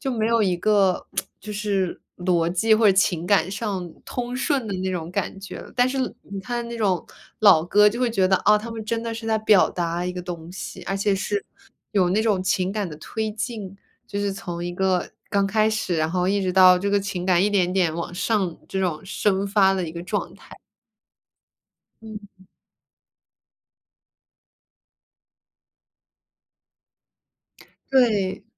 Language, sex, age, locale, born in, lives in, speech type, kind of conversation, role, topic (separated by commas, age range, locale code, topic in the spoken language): Chinese, female, 25-29, zh-CN, United States, United States, conversation, unstructured, none, 传统乐器在流行音乐中如何焕发新的生机？